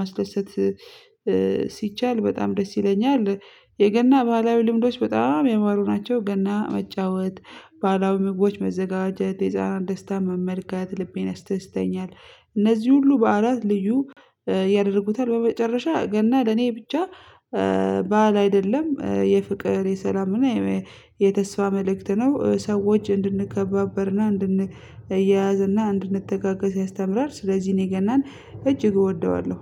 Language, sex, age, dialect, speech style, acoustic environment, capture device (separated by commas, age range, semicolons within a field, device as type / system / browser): Amharic, female, 25-34, Ethiopia - Addis Ababa, happy, nature, mobile / Linux / Chrome